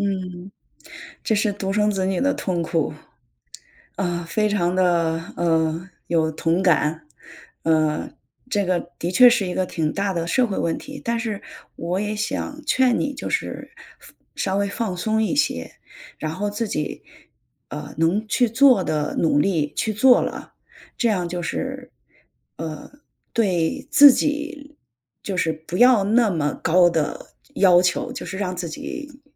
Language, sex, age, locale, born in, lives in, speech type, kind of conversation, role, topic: Chinese, female, 45-49, zh-CN, China, United States, conversation, advice, advisor, 你能描述一下照顾年迈父母后生活负担突然增加的情况吗？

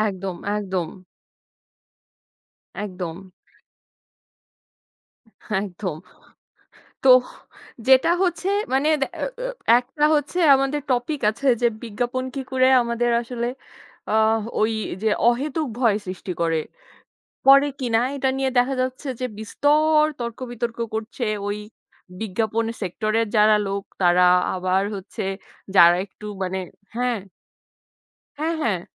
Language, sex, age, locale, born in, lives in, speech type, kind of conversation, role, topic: Bengali, female, 25-29, bn-BD, Bangladesh, Bangladesh, conversation, unstructured, none, কীভাবে বিজ্ঞাপন আমাদের মধ্যে ভয় বাড়িয়ে তোলে?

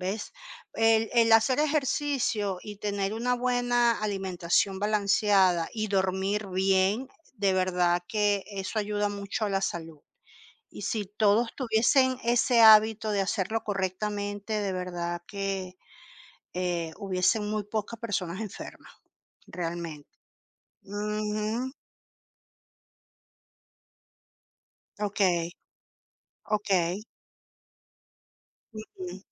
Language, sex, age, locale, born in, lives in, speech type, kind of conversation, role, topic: Spanish, female, 45-49, es-CL, Venezuela, Portugal, conversation, unstructured, none, ¿Cómo te sientes cuando alguien cercano tiene problemas de salud por falta de ejercicio?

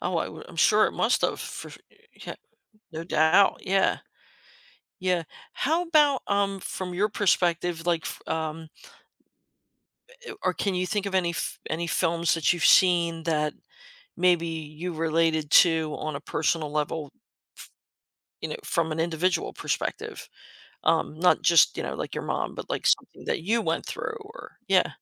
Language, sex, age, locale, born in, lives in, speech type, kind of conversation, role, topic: English, female, 55-59, en-US, United States, United States, conversation, podcast, host, What makes a movie memorable to you?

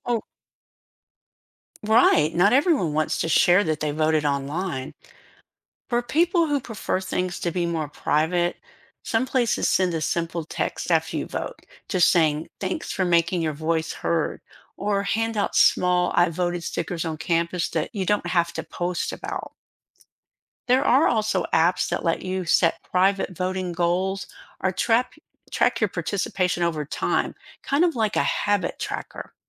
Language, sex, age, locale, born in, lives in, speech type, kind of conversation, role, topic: English, female, 60-64, en-US, United States, United States, read, expert-assistant, expert, How can voting be made more appealing to everyone?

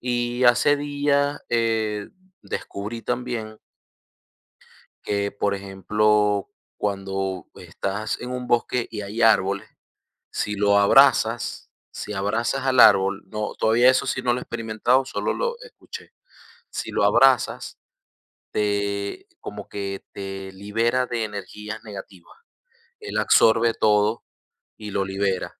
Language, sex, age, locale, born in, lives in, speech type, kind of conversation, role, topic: Spanish, male, 30-34, es-VE, Venezuela, United States, conversation, unstructured, none, ¿Qué beneficios crees que tiene pasar tiempo en la naturaleza?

